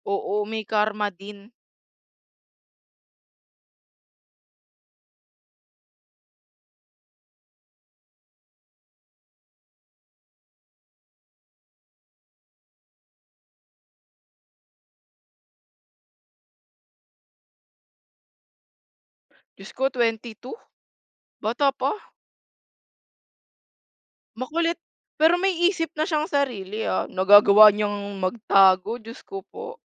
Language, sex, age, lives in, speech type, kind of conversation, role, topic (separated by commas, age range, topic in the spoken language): Filipino, female, 20-24, Philippines, conversation, unstructured, none, Paano mo haharapin ang pagkakaroon ng lihim sa isang relasyon?